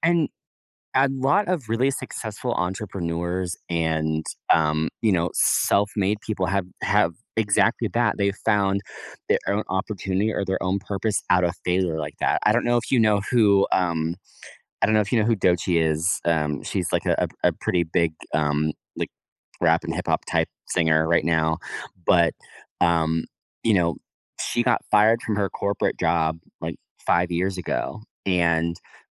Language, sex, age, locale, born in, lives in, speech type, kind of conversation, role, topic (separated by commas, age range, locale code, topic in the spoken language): English, male, 30-34, en-US, United States, United States, conversation, podcast, guest, How can setbacks at work lead to personal growth and new opportunities?